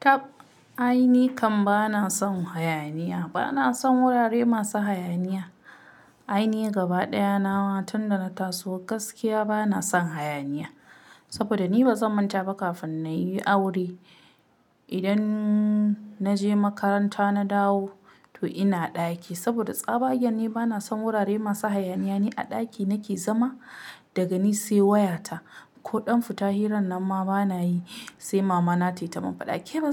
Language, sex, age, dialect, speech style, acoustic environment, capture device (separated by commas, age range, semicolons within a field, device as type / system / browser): Hausa, female, 25-34, Nigeria - Kano, relaxed; excited, silence, mobile / Linux / Chrome